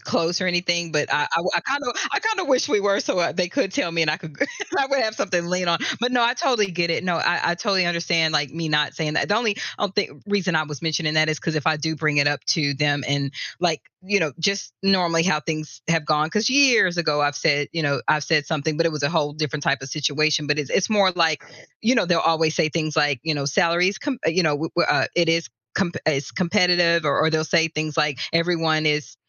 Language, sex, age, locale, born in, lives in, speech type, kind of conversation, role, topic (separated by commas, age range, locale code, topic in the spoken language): English, female, 45-49, en-US, United States, United States, conversation, advice, user, How do I talk to my manager about discovering a co-worker earns more than I do?